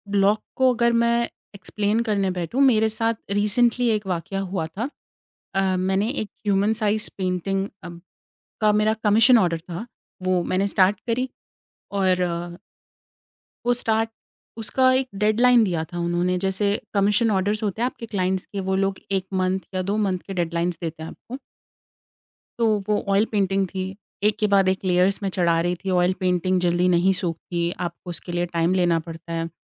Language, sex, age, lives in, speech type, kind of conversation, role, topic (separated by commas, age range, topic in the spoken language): Hindi, female, 25-29, India, conversation, podcast, guest, Jab aapko creative block aa jaata hai, toh aap kya karte ho?